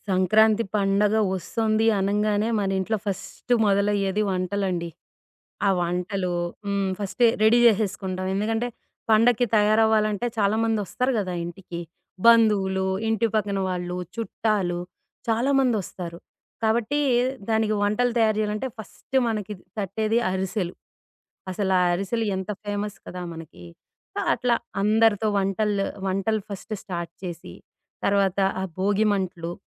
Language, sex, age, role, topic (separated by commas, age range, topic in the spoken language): Telugu, female, 40-44, guest, మీ సంస్కృతిలో మీరు ఎక్కువగా గర్వపడే విషయం ఏది?